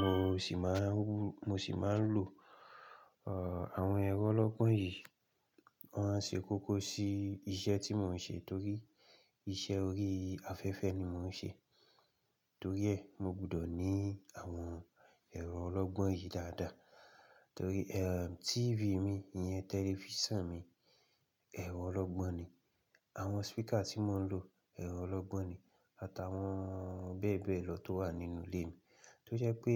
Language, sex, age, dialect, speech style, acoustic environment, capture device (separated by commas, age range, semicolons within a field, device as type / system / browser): Yoruba, male, 25-34, Nigeria - Ibadan, relaxed, silence, mobile / Linux / Chrome